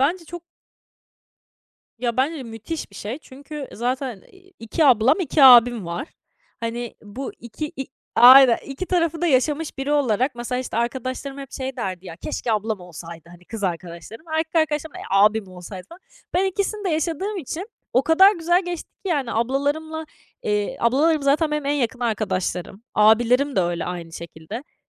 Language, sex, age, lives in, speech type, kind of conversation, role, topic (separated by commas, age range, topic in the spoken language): Turkish, female, 20-24, France, conversation, podcast, guest, Aile yemekleri seni nasıl şekillendirdi, anlatır mısın?